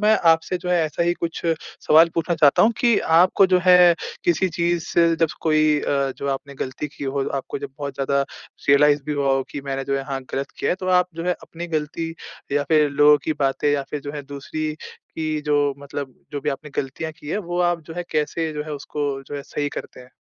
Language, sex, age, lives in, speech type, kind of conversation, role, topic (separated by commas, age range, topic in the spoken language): Hindi, male, 20-24, India, conversation, podcast, host, जब आपने माता‑पिता की सलाह नहीं मानी, तो उसका आपके लिए क्या परिणाम हुआ?